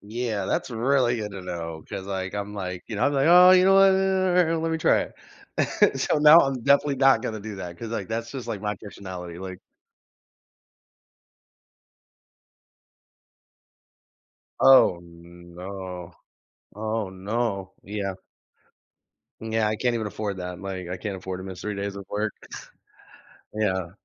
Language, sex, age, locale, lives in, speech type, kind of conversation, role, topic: English, male, 30-34, en-US, United States, conversation, advice, user, How can I reach out to an old friend when I'm feeling nostalgic?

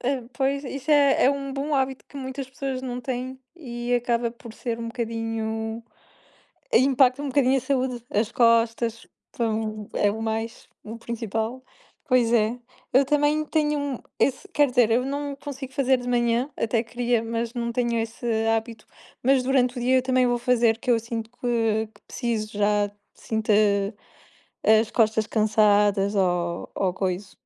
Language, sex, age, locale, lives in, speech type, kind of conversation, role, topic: Portuguese, female, 20-24, pt-PT, Portugal, conversation, unstructured, none, Qual é a sua rotina para ter um dia produtivo?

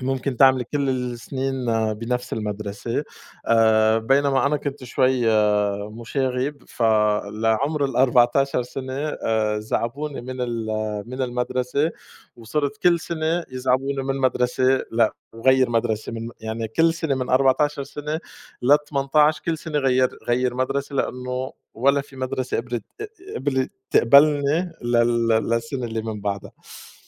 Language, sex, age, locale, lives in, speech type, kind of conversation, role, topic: Arabic, male, 30-34, ar-SY, France, conversation, unstructured, none, إيه أحلى موقف حصل لك وإنت بتتعلم؟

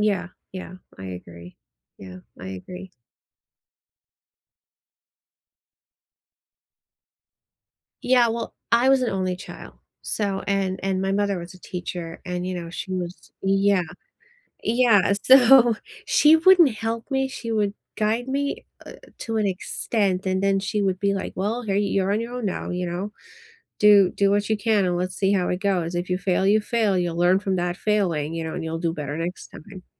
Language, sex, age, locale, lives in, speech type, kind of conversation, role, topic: English, female, 40-44, en-US, United States, conversation, unstructured, none, Do you prefer learning alone or with others?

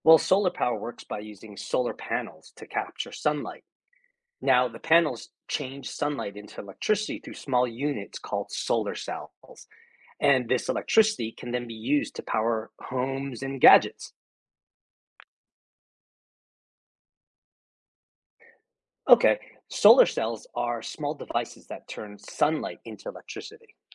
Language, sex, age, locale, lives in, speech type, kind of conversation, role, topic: English, male, 50-54, en-US, United States, read, expert-assistant, expert, How do renewable energy sources like solar and wind power work?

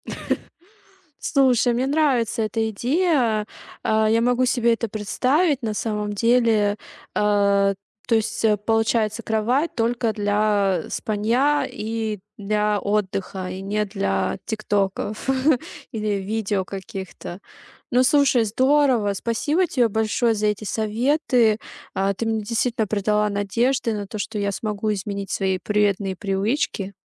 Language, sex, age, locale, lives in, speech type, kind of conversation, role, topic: Russian, female, 30-34, ru-RU, Germany, conversation, advice, user, Как отучиться пользоваться экраном перед сном и спокойно засыпать?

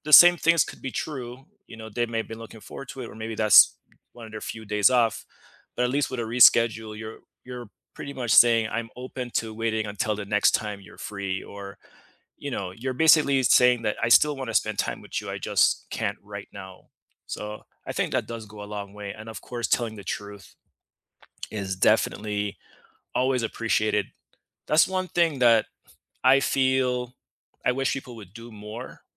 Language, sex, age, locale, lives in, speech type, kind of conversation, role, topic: English, male, 35-39, en-US, United States, conversation, unstructured, none, How can you say no without feeling guilty while keeping your relationships strong?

